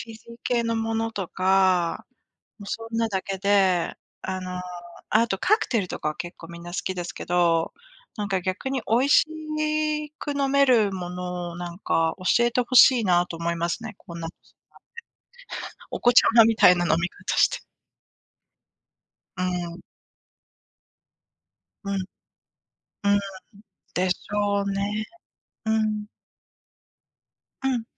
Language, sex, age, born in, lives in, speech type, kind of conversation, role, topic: Japanese, female, 45-49, Japan, United States, conversation, unstructured, none, 仕事や学校の後は、どのようにリラックスしていますか？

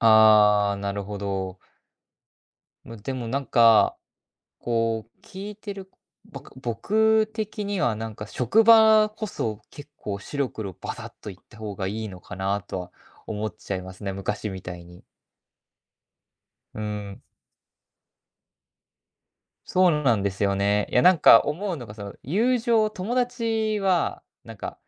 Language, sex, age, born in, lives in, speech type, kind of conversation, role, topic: Japanese, male, 20-24, Japan, Japan, conversation, advice, advisor, 人に合わせ過ぎて本音を言えないのは、どうすれば改善できますか？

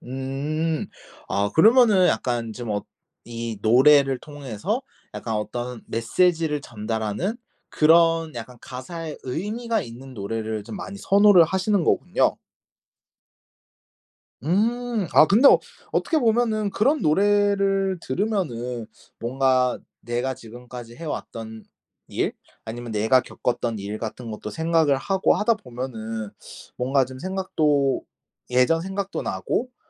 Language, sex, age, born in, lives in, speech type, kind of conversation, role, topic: Korean, male, 25-29, South Korea, Japan, conversation, podcast, host, 어떤 노래가 가장 강하게 추억을 불러오나요?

